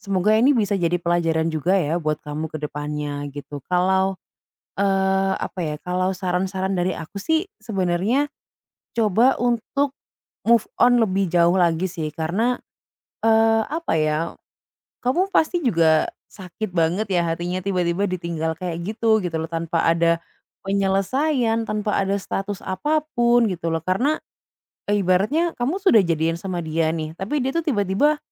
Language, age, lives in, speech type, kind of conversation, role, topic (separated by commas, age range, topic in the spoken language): Indonesian, 25-29, Indonesia, conversation, advice, advisor, Seberapa jauh harga diri Anda menurun setelah putus cinta atau ditolak secara romantis?